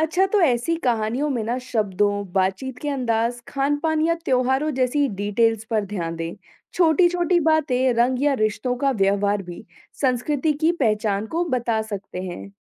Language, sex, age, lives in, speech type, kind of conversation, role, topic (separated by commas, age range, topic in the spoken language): Hindi, female, 25-29, India, read, expert-assistant, expert, अगर मुझे दो साहित्यिक कहानियों की तुलना करनी हो, तो मैं कहां से शुरू करूं?